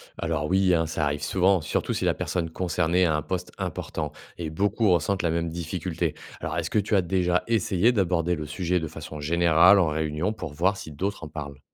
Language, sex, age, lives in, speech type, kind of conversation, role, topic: French, male, 30-34, France, read, expert-assistant, expert, Quels réflexes adopter pour reconnaître et éviter les malentendus culturels au travail ou dans la vie courante ?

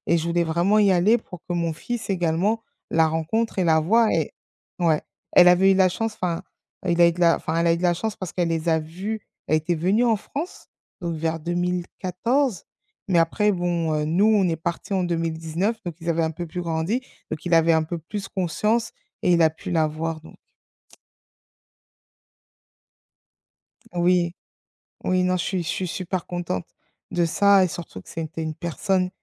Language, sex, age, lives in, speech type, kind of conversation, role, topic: French, female, 35-39, France, conversation, podcast, guest, Quel rôle joue aujourd’hui la langue de tes parents à la maison ?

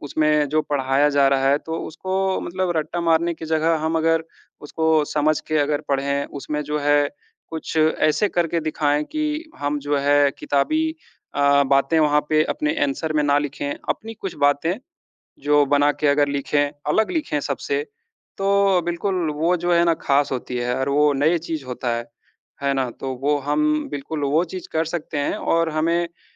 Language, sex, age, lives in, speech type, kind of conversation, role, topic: Hindi, male, 30-34, India, conversation, unstructured, none, जब आप खुद को नया रूप देना चाहते हैं, तो आप क्या करते हैं?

